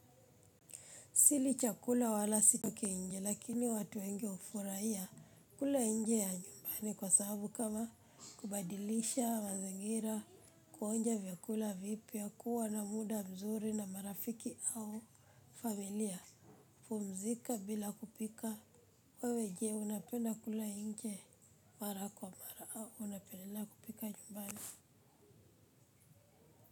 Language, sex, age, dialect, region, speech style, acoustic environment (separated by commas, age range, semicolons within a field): Swahili, female, 25-34, Kenya - Nairobi Sheng-influenced, Kenya, happy; focused; relaxed; excited, people talking